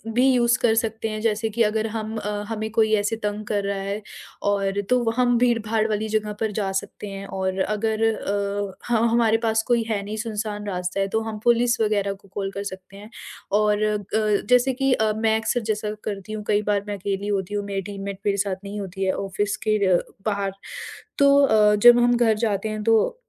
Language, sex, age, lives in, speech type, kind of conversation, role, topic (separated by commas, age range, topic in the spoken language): Hindi, female, 40-44, India, conversation, podcast, guest, Kya tum kabhi madad maangte ho, aur kaise maangte ho?